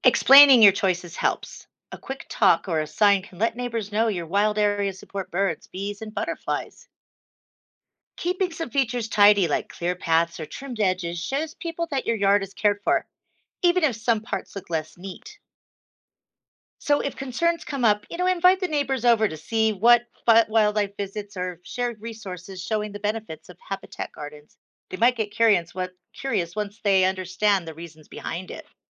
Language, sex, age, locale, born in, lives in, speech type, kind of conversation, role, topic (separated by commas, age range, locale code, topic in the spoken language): English, female, 55-59, en-US, United States, United States, read, expert-assistant, expert, Describe a neighborhood where every yard is turned into a mini wildlife habitat.